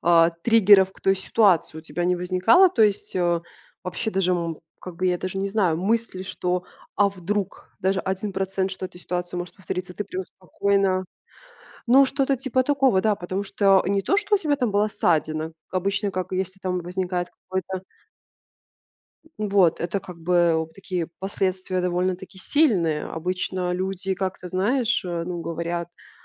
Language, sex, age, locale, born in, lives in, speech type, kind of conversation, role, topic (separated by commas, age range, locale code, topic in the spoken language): Russian, female, 25-29, ru-RU, Belarus, Italy, conversation, podcast, host, Как отличить страх от интуиции?